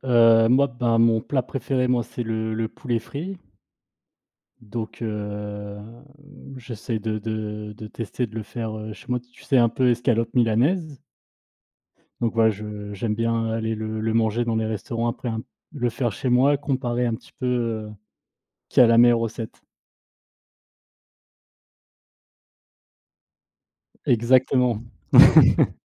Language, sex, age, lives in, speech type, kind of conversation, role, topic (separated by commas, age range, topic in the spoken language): French, male, 30-34, France, conversation, unstructured, none, Est-ce que cuisiner est pour toi un plaisir ou une corvée ?